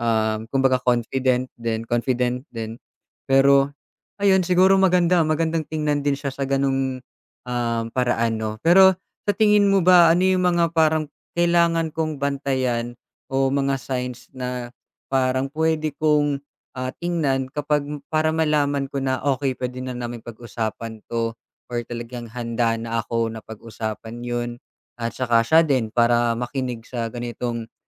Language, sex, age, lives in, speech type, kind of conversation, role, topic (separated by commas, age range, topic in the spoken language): Filipino, male, 25-29, Philippines, conversation, advice, user, Paano namin mapagkakasundo ang magkakaibang plano namin para sa kinabukasan tungkol sa pamilya o trabaho?